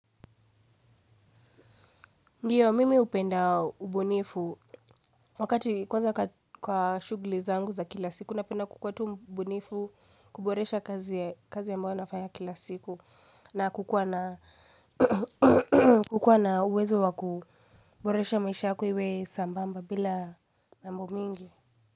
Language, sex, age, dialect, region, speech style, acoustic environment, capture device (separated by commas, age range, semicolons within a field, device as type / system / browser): Swahili, female, 25-34, Kenya - Nairobi Sheng-influenced, Kenya, focused, silence, mobile / Linux / Chrome